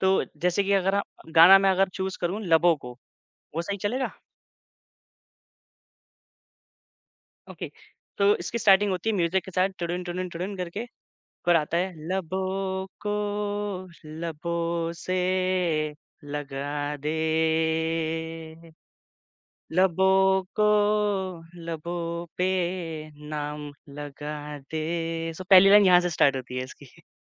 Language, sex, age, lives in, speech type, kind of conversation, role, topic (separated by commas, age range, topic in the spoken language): Hindi, male, 25-29, India, conversation, podcast, guest, Apni zindagi ka soundtrack kaunsa hoga?